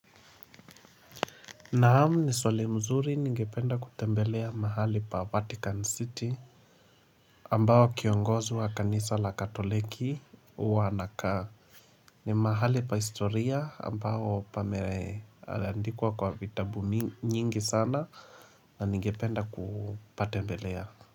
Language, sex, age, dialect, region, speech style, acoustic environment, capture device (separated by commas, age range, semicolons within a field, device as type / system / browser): Swahili, male, 25-34, Kenya - Mombasa, Kenya, happy; relaxed, people talking, mobile / Linux / Chrome